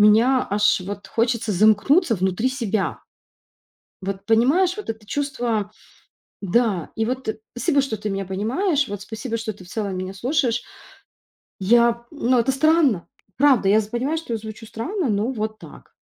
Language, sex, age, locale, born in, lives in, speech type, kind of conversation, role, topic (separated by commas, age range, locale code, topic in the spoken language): Russian, female, 35-39, ru-RU, Ukraine, Italy, conversation, advice, user, Что вы чувствуете, когда в новом коллективе или окружении вам кажется, что вы не на своём месте?